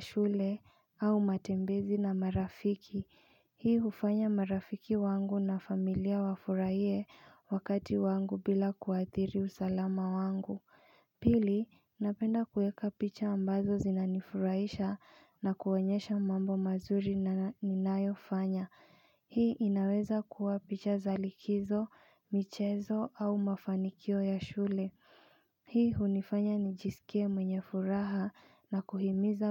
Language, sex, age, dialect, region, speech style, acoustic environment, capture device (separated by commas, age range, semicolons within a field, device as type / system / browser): Swahili, female, 25-34, Kenya - Nairobi Sheng-influenced, Kenya, focused, silence, mobile / Linux / Chrome